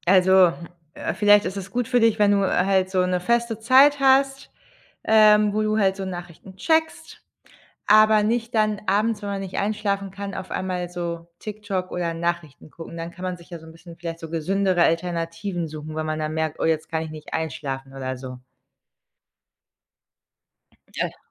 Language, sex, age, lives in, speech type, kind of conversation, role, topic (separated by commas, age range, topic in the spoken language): German, female, 30-34, Germany, conversation, advice, advisor, Wie erschwert dir abendliche Bildschirmnutzung die Entspannung und das Einschlafen?